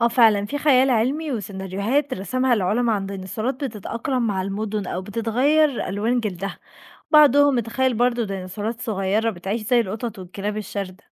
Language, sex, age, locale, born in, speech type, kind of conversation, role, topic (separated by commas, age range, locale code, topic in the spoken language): Arabic, female, 20-24, ar-EG, Egypt, read, expert-assistant, expert, إيه اللي كان هيحصل لو الديناصورات مكملتش انقراضها وكانت عايشة معانا لحد دلوقتي؟